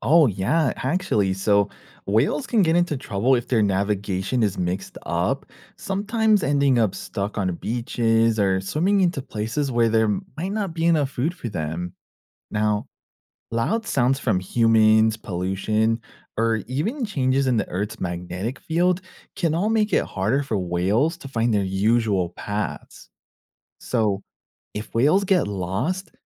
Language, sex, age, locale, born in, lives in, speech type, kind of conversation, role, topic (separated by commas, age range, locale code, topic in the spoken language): English, male, 30-34, en-US, United States, United States, read, expert-assistant, expert, How do whales navigate long ocean migrations?